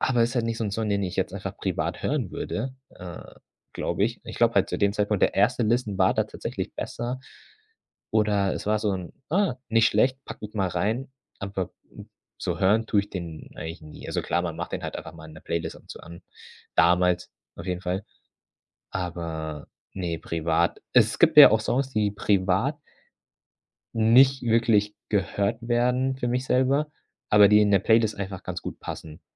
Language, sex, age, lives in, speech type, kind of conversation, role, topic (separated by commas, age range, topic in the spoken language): German, male, 25-29, Germany, conversation, podcast, guest, Wie bringst du unterschiedliche Musikgeschmäcker unter einen Hut?